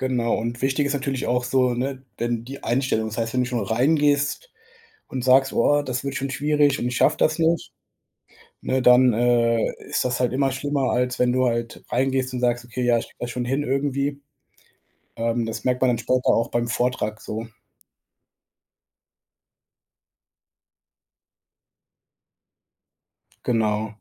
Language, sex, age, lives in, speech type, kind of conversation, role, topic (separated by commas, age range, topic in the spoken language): German, male, 25-29, Germany, conversation, advice, advisor, Wie gehst du mit deiner Angst vor einer wichtigen Präsentation oder Rede um?